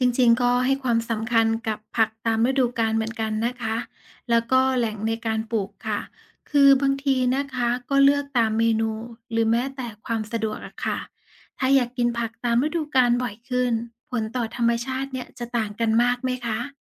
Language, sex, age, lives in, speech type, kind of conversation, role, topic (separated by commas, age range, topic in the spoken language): Thai, female, 35-39, Thailand, read, expert-assistant, asker, ในวันที่เราเลือกกินผักหรือเนื้อสัตว์ เรากำลังมีอิทธิพลต่อธรรมชาติแค่ไหน และควรคิดอย่างไรกับผลกระทบนั้น?